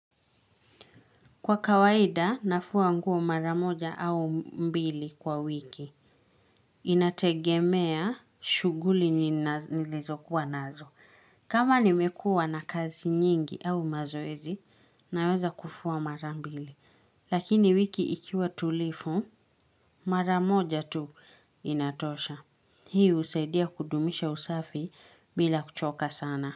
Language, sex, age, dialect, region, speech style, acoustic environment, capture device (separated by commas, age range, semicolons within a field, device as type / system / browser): Swahili, female, 25-34, Kenya - Nairobi Sheng-influenced, Kenya, happy, silence, mobile / Linux / Chrome